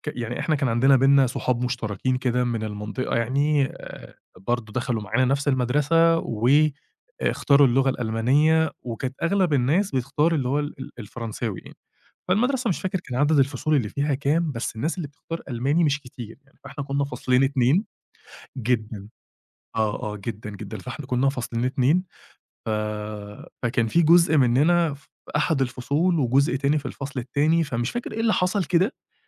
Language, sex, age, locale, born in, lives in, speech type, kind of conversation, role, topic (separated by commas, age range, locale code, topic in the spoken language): Arabic, male, 30-34, ar-EG, Egypt, Egypt, conversation, podcast, guest, ازاي تلاقي ناس تخلّيك تحس إنك مش لوحدك؟